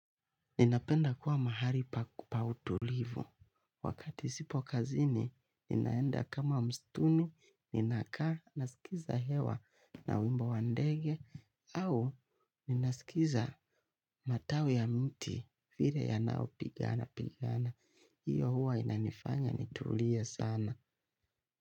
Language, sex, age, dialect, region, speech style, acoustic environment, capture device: Swahili, male, 25-34, Kenya - Nairobi Sheng-influenced, Kenya, relaxed, nature, mobile / Linux / Chrome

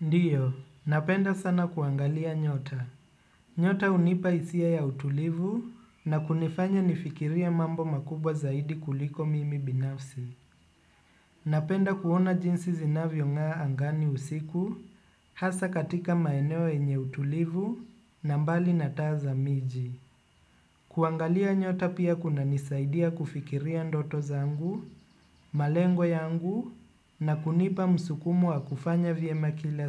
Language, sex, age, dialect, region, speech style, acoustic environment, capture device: Swahili, male, 25-34, Kenya - Nairobi Sheng-influenced, Kenya, relaxed, appliances; nature, desktop / Windows / Chrome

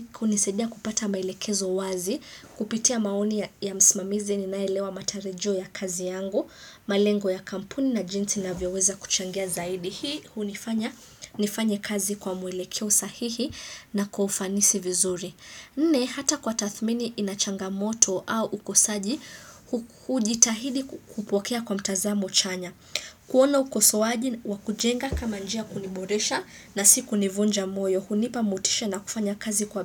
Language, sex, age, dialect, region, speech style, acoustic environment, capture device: Swahili, female, 25-34, Kenya - Nairobi Sheng-influenced, Kenya, excited; focused, silence, desktop / Windows / Chrome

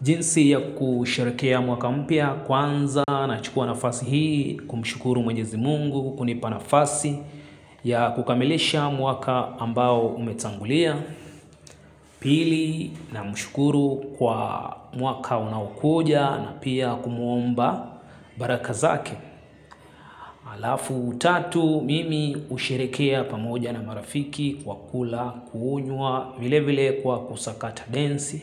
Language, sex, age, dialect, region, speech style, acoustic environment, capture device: Swahili, male, 25-34, Kenya - Nairobi Sheng-influenced, Kenya, happy; excited; focused; relaxed, silence, mobile / Linux / Chrome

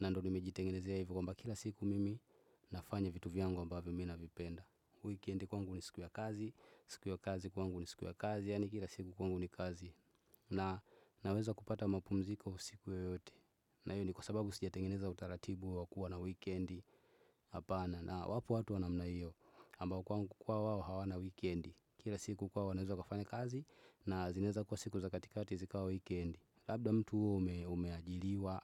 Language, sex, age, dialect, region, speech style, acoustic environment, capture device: Swahili, male, 25-34, Tanzania - Dar es Salaam, Tanzania, relaxed, nature, desktop / Windows / Chrome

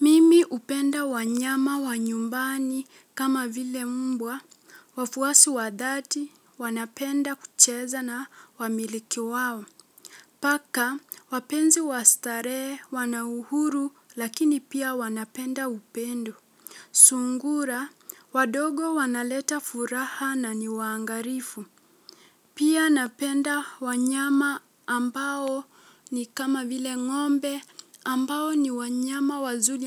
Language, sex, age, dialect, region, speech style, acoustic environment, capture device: Swahili, female, 18-24, Kenya - Mombasa, Kenya, relaxed, silence; nature, mobile / Linux / Chrome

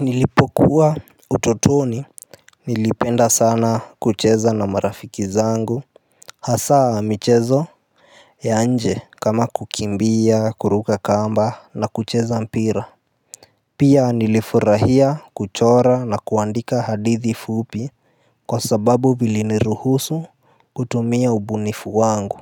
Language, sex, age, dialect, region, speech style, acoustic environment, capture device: Swahili, male, 18-24, Kenya - Nairobi Sheng-influenced, Kenya, happy, appliances; nature, mobile / Linux / Chrome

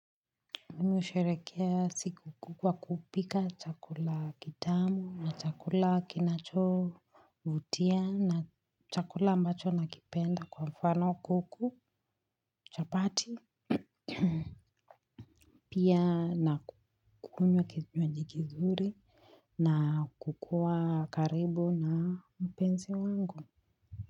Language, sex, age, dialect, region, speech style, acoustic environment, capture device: Swahili, female, 25-34, Kenya - Nairobi Sheng-influenced, Kenya, relaxed, silence, mobile / Linux / Chrome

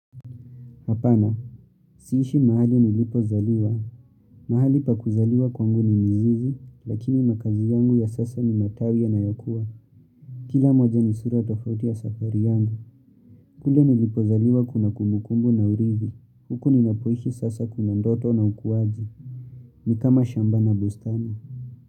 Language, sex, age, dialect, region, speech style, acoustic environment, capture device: Swahili, male, 18-24, Kenya - Nairobi Sheng-influenced, Kenya, relaxed, nature; appliances, mobile / Linux / Chrome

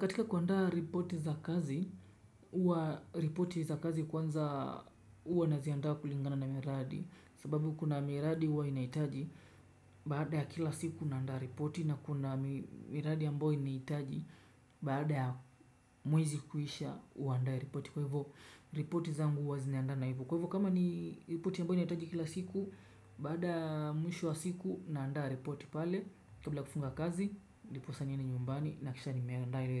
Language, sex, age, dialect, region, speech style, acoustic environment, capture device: Swahili, male, 25-34, Kenya - Mombasa, Kenya, relaxed, nature; silence, desktop / Windows / Chrome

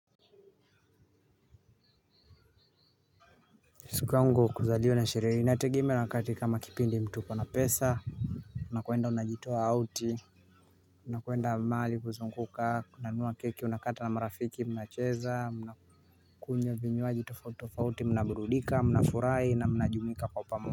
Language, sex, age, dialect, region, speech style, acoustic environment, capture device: Swahili, male, 18-24, Kenya - Mombasa, Kenya, happy, nature, mobile / Linux / Chrome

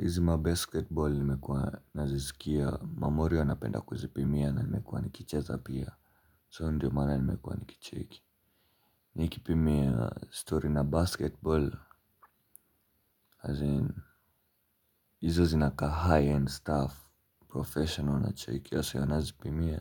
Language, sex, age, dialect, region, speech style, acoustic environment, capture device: Swahili, male, 18-24, Kenya - Nairobi Sheng-influenced, Kenya, relaxed, appliances; nature; people talking, mobile / Linux / Chrome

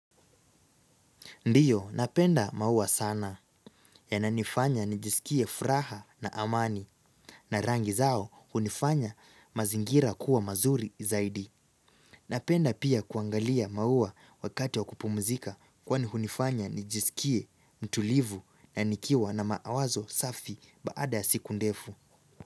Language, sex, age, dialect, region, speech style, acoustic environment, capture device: Swahili, male, 18-24, Kenya - Nairobi Sheng-influenced, Kenya, relaxed; focused; happy; excited, silence; nature, mobile / Linux / Chrome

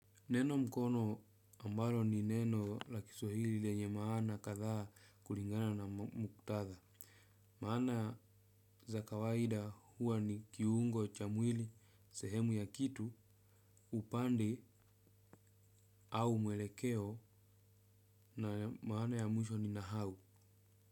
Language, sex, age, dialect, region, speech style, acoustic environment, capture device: Swahili, male, 25-34, Kenya - Nairobi Sheng-influenced, Kenya, relaxed, nature, desktop / Windows / Chrome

